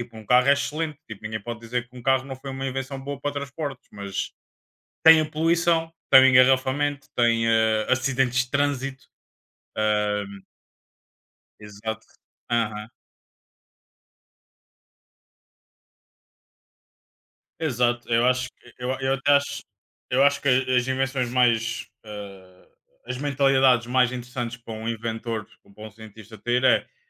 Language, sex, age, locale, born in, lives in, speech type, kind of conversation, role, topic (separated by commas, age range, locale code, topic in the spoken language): Portuguese, male, 25-29, pt-PT, Portugal, Portugal, conversation, unstructured, none, Qual invenção você acha que mais mudou o mundo?